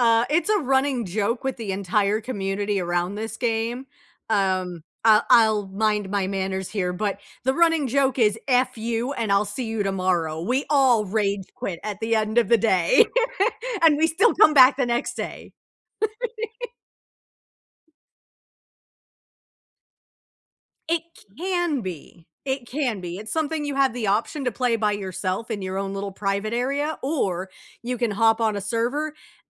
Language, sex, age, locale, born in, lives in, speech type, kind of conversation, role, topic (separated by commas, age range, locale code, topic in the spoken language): English, female, 35-39, en-US, United States, United States, conversation, unstructured, none, How do hobbies help you relax or de-stress?